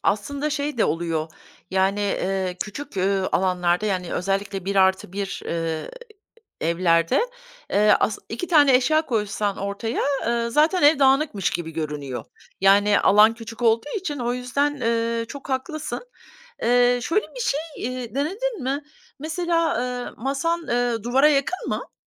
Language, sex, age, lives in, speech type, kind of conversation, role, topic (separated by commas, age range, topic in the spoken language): Turkish, female, 50-54, United States, conversation, advice, advisor, Çalışma alanımdaki düzensizlik ve dağınıklık odaklanmamı engelliyorsa bunu nasıl düzeltebilirim?